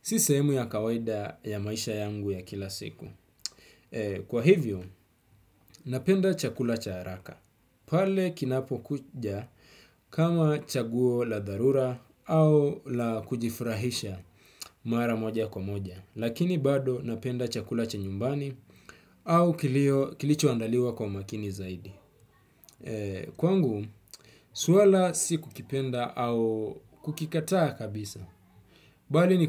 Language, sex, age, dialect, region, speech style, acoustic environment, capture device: Swahili, male, 25-34, Kenya - Mombasa, Kenya, relaxed, nature, desktop / Windows / Chrome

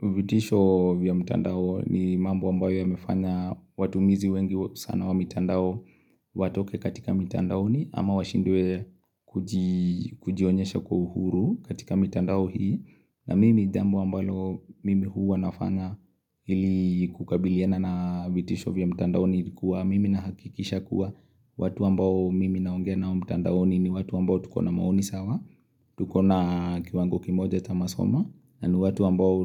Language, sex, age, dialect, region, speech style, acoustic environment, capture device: Swahili, male, 25-34, Kenya - Nairobi Sheng-influenced, Kenya, relaxed; focused, nature; silence; appliances, mobile / Linux / Chrome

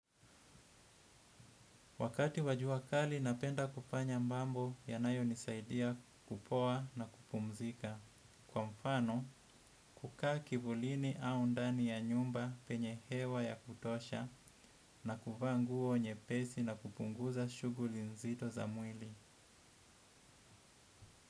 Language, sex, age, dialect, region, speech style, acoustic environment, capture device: Swahili, male, 25-34, Kenya - Mombasa, Kenya, happy; anxious; relaxed; excited; focused, nature; appliances; traffic, desktop / Windows / Edge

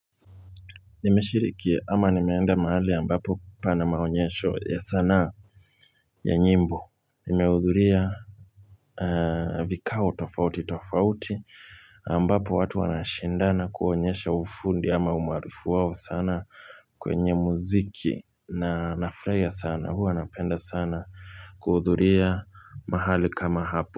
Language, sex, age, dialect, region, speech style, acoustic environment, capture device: Swahili, male, 25-34, Kenya - Nairobi Sheng-influenced, Kenya, happy, silence, mobile / Linux / Chrome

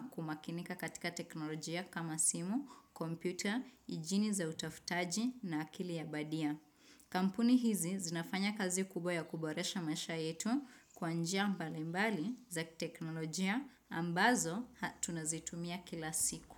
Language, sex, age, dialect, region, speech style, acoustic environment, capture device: Swahili, female, 25-34, Kenya - Nairobi Sheng-influenced, Kenya, happy; excited, nature, desktop / Windows / Chrome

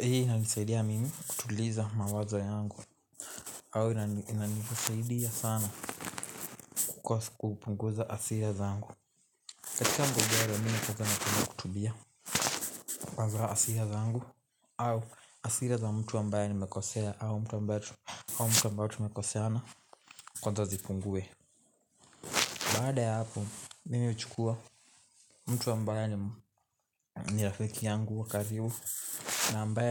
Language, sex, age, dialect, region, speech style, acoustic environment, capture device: Swahili, male, 18-24, Kenya - Nairobi Sheng-influenced, Kenya, happy, nature, mobile / Linux / Chrome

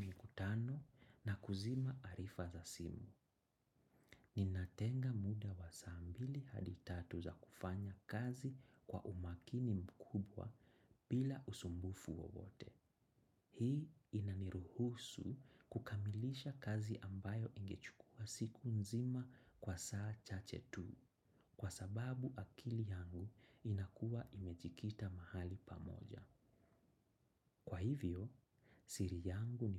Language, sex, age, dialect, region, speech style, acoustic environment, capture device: Swahili, male, 25-34, Kenya - Nairobi Sheng-influenced, Kenya, anxious; excited; focused, silence, desktop / macOS / Chrome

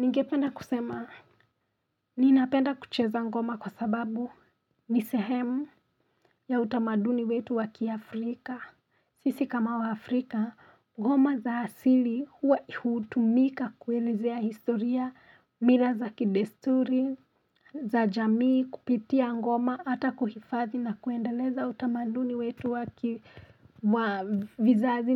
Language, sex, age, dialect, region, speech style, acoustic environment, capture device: Swahili, female, 25-34, Kenya - Nairobi Sheng-influenced, Kenya, relaxed; focused; excited, silence; nature, mobile / Linux / Chrome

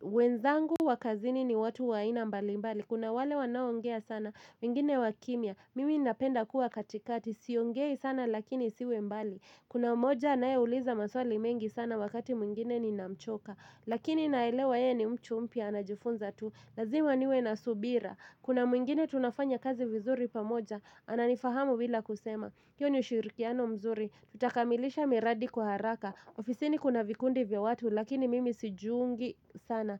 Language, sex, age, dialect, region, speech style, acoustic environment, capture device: Swahili, female, 25-34, Kenya - Nairobi Sheng-influenced, Kenya, anxious; nervous; excited, nature; traffic; people talking, mobile / Linux / Chrome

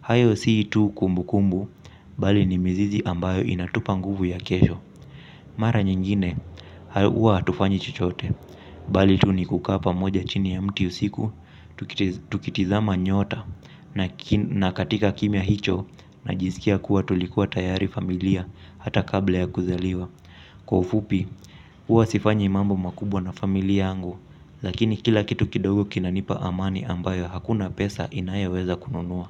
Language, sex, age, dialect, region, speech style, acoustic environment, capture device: Swahili, male, 25-34, Kenya - Mombasa, Kenya, relaxed, appliances; silence, mobile / Linux / Chrome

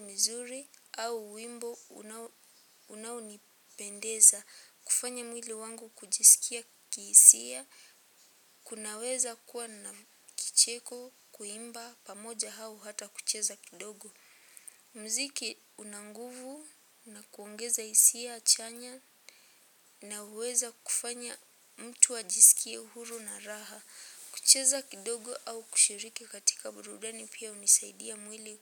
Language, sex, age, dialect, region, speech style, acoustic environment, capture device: Swahili, female, 18-24, Kenya - Nairobi Sheng-influenced, Kenya, happy; relaxed, silence, mobile / Linux / Chrome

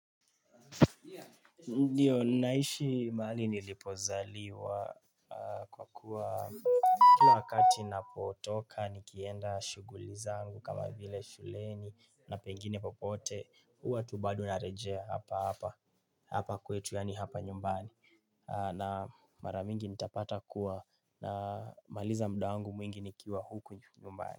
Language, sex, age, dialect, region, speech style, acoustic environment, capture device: Swahili, male, 18-24, Kenya - Nairobi Sheng-influenced, Kenya, relaxed, music, mobile / Linux / Chrome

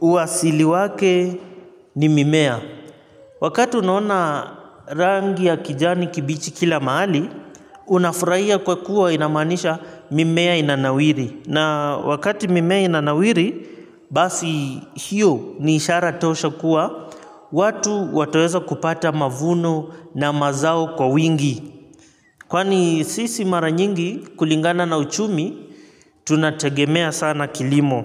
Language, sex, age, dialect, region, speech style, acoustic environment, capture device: Swahili, male, 25-34, Kenya - Mombasa, Kenya, relaxed, nature, mobile / Linux / Chrome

